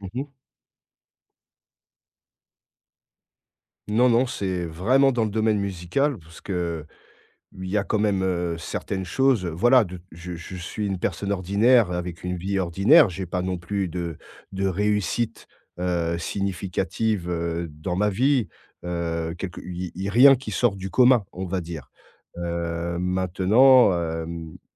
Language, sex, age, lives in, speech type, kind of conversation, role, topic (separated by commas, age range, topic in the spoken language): French, male, 40-44, France, conversation, advice, user, Pourquoi est-ce que je me compare constamment aux autres, au point que cela m’empêche d’oser parler en public ?